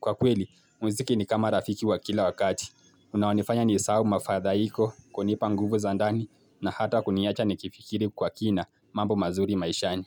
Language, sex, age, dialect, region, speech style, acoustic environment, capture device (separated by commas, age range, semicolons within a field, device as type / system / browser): Swahili, male, 18-24, Kenya - Mombasa, Kenya, focused, nature, mobile / Linux / Chrome